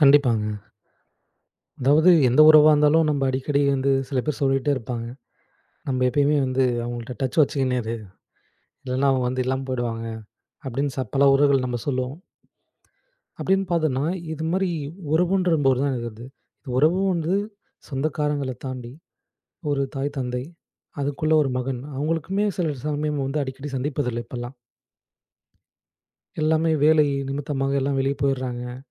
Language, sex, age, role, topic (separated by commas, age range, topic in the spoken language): Tamil, male, 25-29, guest, அடிக்கடி சந்திக்க முடியாத நிலையில், உறவுகளை எப்படி நீடித்துப் பேணுவீர்கள்?